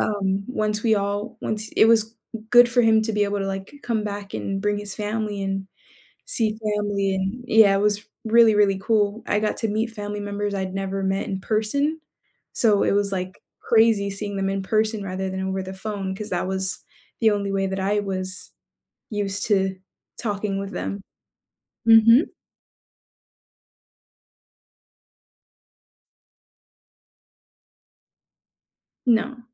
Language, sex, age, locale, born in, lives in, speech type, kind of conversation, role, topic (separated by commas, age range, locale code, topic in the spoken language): English, female, 20-24, en-US, United States, United States, conversation, unstructured, none, What is your favorite place you have ever traveled to?